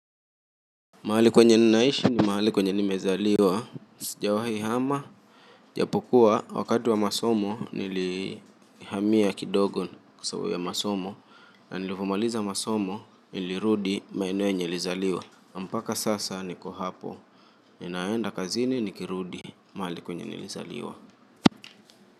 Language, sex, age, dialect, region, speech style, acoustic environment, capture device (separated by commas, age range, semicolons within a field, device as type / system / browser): Swahili, male, 25-34, Kenya - Mombasa, Kenya, relaxed, silence, mobile / Linux / Chrome